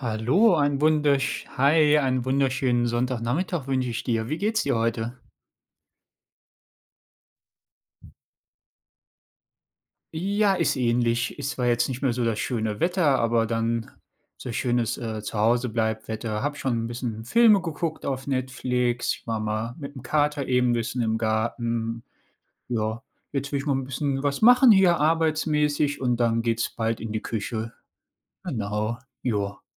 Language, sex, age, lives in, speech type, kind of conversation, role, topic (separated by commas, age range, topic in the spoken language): German, male, 35-39, Germany, conversation, unstructured, none, Was machst du, wenn dich jemand unfair behandelt?